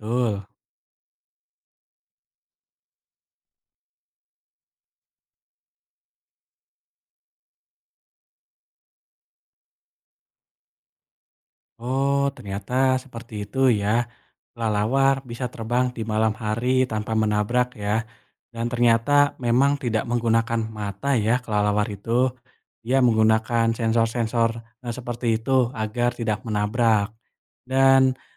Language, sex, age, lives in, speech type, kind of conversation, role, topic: Indonesian, male, 20-24, Indonesia, read, expert-assistant, asker, Bagaimana kelelawar bisa terbang di malam hari tanpa menabrak?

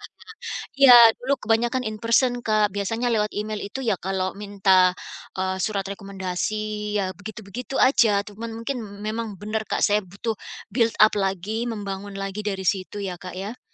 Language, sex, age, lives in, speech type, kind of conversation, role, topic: Indonesian, female, 45-49, United States, conversation, advice, user, Bagaimana cara meminta umpan balik yang membangun dari mentor saya?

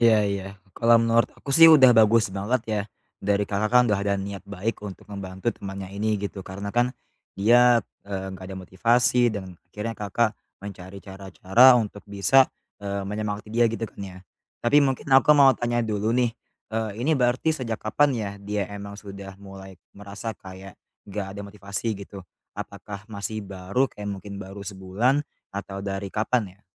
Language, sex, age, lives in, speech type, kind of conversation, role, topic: Indonesian, male, 20-24, Indonesia, conversation, advice, advisor, Bagaimana cara membantu teman yang belakangan ini kehilangan motivasinya?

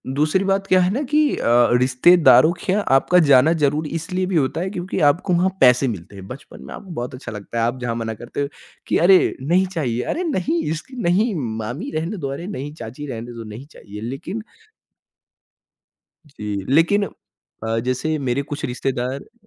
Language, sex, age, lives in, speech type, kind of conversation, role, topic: Hindi, male, 20-24, India, conversation, podcast, guest, Kya tum apni koi khaas outdoor yaad share kar sakte ho, jo tumhe hamesha yaad rehti hai?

